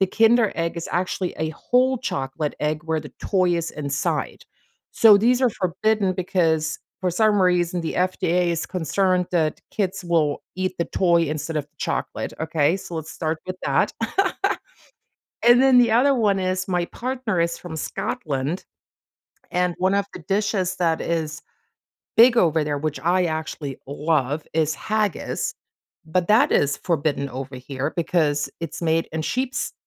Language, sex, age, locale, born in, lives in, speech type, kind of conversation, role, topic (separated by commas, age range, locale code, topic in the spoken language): English, female, 45-49, en-DE, Germany, United States, conversation, podcast, guest, What turns an ordinary meal into a memorable experience for you?